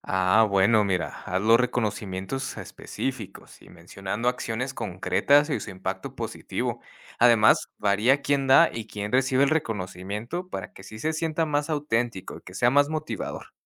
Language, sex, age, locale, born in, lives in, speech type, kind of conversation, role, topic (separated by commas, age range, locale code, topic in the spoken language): Spanish, male, 30-34, es-MX, United States, Mexico, read, expert-assistant, expert, ¿Qué significa ser un buen jefe para ti en el trabajo?